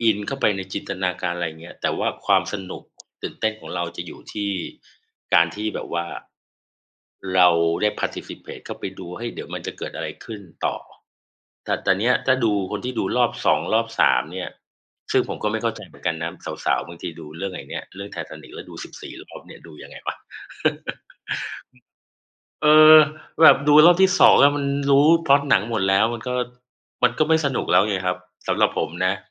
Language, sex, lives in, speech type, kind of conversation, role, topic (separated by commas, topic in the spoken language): Thai, male, Thailand, conversation, unstructured, none, หนังเรื่องล่าสุดที่คุณดูทำให้คุณรู้สึกอย่างไร?